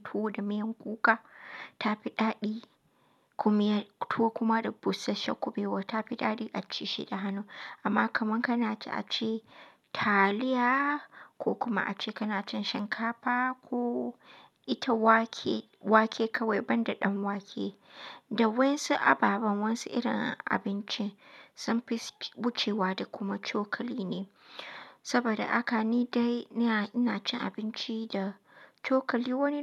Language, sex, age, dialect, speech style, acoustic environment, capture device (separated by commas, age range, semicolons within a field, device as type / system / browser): Hausa, female, 25-34, Nigeria - Katsina, relaxed, nature, mobile / Linux / Chrome